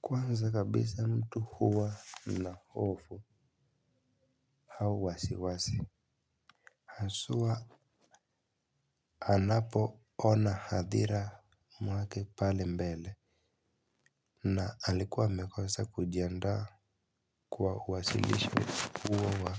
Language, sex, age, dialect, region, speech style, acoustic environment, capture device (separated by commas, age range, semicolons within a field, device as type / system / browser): Swahili, male, 18-24, Kenya - Nairobi Sheng-influenced, Kenya, nervous; excited, appliances, mobile / Linux / Chrome